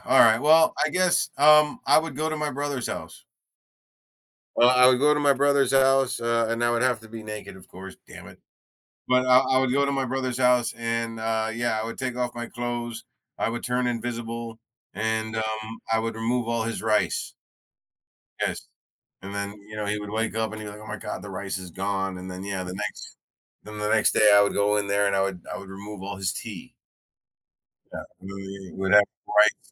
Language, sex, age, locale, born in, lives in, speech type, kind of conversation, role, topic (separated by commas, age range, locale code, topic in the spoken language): English, male, 45-49, en-US, United States, United States, conversation, unstructured, none, Would you rather be able to fly or become invisible whenever you want?